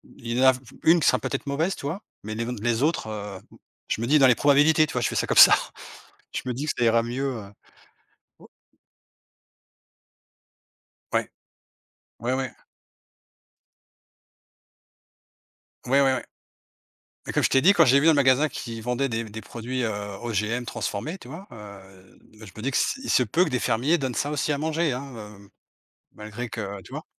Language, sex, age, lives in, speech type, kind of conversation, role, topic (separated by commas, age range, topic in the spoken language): French, male, 45-49, Portugal, conversation, unstructured, none, Peut-on faire confiance aux étiquettes alimentaires ?